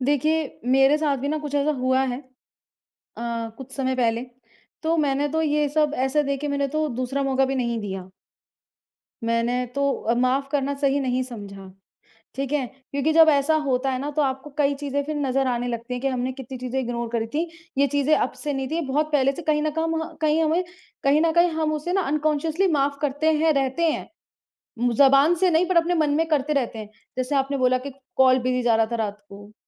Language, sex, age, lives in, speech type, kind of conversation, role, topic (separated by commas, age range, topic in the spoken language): Hindi, male, 30-34, India, conversation, unstructured, none, क्या माफ़ करना हमेशा सही होता है?